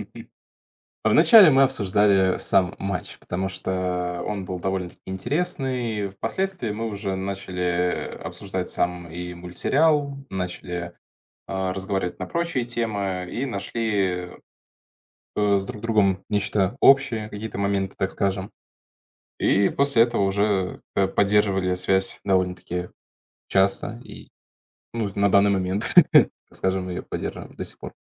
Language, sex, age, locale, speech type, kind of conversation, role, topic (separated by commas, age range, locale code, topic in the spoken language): Russian, male, 20-24, ru-RU, conversation, podcast, guest, Можешь вспомнить момент, когда незнакомцы стали друзьями благодаря общему делу?